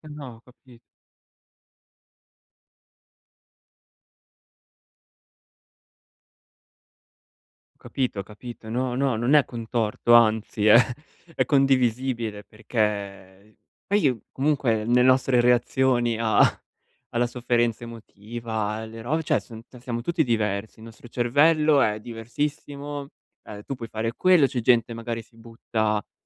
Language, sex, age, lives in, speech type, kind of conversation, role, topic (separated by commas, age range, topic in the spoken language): Italian, male, 25-29, Italy, conversation, advice, advisor, Come posso riconnettermi alle mie passioni e ai miei interessi dopo una rottura e ritrovare me stesso?